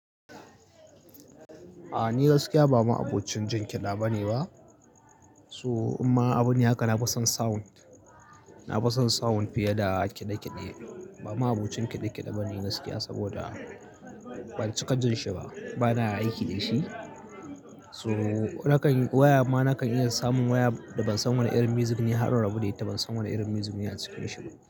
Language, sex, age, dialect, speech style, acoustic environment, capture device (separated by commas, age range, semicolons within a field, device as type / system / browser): Hausa, male, 25-34, Nigeria - Kano, relaxed, people talking; appliances, mobile / Linux / Chrome